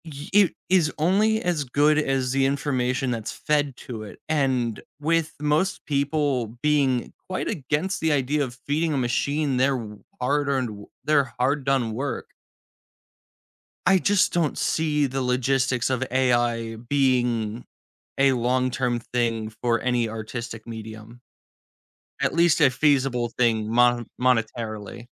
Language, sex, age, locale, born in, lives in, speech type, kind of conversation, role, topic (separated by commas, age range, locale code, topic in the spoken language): English, male, 20-24, en-US, United States, United States, conversation, podcast, guest, Why is it important to set small goals when working toward bigger ambitions?